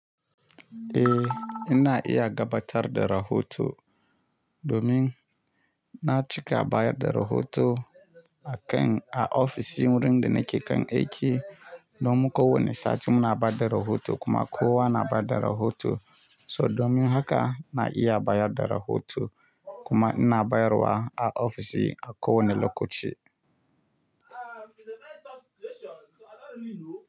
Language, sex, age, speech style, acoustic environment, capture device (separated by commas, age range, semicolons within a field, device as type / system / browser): Hausa, male, 25-34, relaxed; happy, silence; nature, mobile / Linux / Chrome